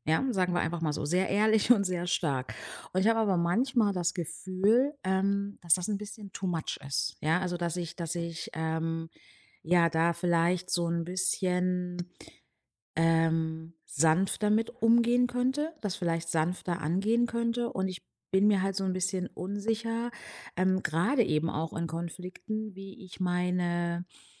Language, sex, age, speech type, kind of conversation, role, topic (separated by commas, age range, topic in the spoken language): German, female, 35-39, conversation, advice, user, Wie ehrlich sollte ich in Konflikten über meine Werte sprechen?